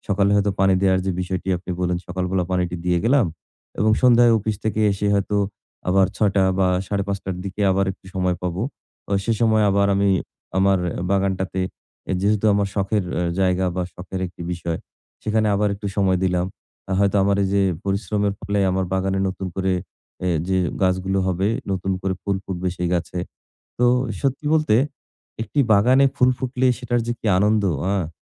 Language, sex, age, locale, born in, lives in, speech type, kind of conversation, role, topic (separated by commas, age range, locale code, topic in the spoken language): Bengali, male, 40-44, bn-BD, Bangladesh, Bangladesh, conversation, advice, user, আমি কীভাবে আমার শখ, নতুন আগ্রহ আর দৈনন্দিন কাজের মধ্যে সময় ও অগ্রাধিকার সঠিকভাবে সামঞ্জস্য করব?